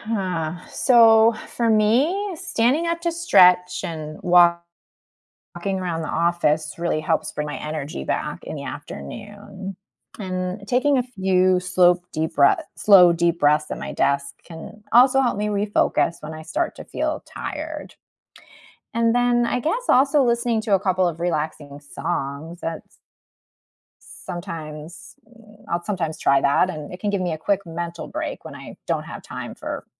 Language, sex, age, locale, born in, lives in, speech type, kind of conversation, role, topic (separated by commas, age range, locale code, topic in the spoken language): English, female, 40-44, en-US, United States, United States, read, expert-assistant, asker, How can setting aside time for relaxation improve your productivity?